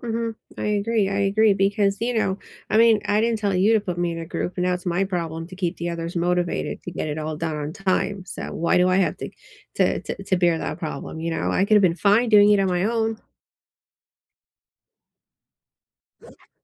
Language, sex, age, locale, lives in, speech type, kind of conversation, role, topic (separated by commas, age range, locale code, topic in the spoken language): English, female, 40-44, en-US, United States, conversation, unstructured, none, Do you prefer learning alone or with others?